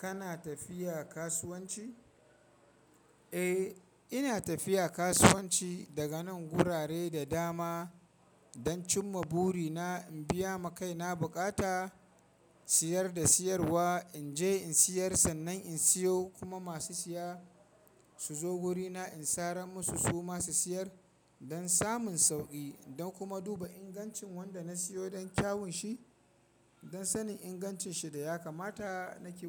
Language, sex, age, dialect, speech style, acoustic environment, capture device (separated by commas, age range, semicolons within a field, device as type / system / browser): Hausa, male, 25-34, Nigeria - Kano, happy; excited, people talking, mobile / Linux / Chrome